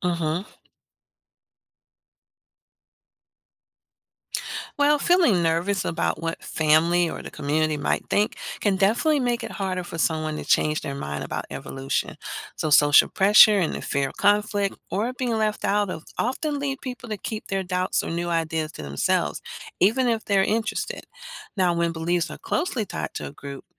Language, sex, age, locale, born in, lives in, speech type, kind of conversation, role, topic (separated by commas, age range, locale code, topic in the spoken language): English, female, 50-54, en-US, United States, United States, read, expert-assistant, expert, Why do some people accept evolution while others reject it, and how do cultural backgrounds shape these views?